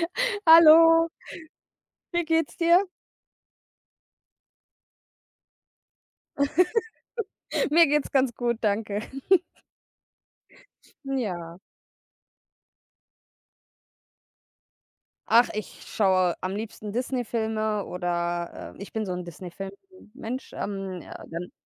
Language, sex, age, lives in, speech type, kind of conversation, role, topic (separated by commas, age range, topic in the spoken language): German, female, 30-34, Germany, conversation, unstructured, none, Was macht für dich einen guten Film aus?